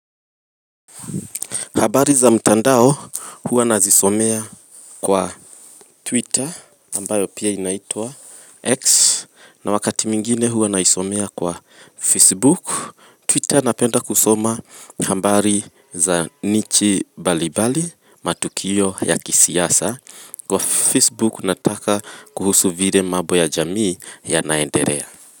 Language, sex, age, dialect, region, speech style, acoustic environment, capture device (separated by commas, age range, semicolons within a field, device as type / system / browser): Swahili, female, 25-34, Kenya - Nairobi Sheng-influenced, Kenya, happy, people talking; music; nature, mobile / Linux / Chrome